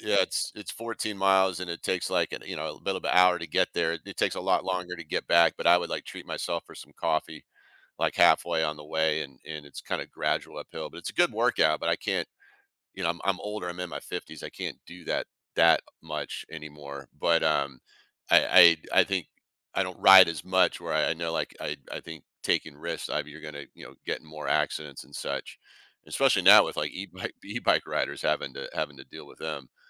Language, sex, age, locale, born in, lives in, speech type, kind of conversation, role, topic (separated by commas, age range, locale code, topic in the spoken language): English, male, 55-59, en-US, United States, United States, conversation, podcast, host, What memorable experience have you had related to your hobby?